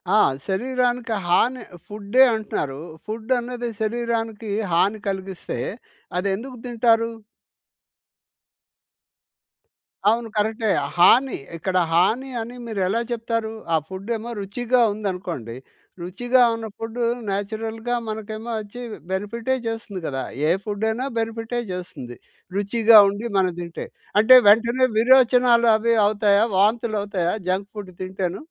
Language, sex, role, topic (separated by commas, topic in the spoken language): Telugu, male, host, శక్తి కోసం అల్పాహారాన్ని ఎలా ఎంచుకోవాలి?